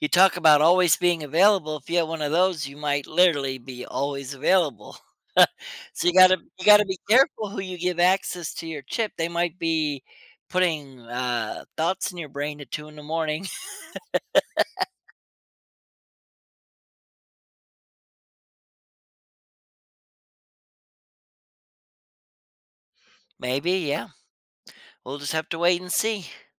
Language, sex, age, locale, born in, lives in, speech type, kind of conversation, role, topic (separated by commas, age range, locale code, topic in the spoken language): English, male, 40-44, en-US, United States, United States, conversation, podcast, guest, In what ways has technology influenced our ability to connect with others?